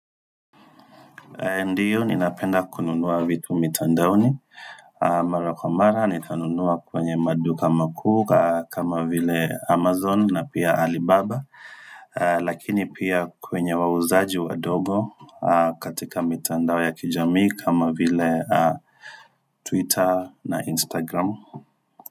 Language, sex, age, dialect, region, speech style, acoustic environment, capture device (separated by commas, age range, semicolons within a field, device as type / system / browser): Swahili, male, 25-34, Kenya - Nairobi Sheng-influenced, Kenya, focused, silence, desktop / Windows / Firefox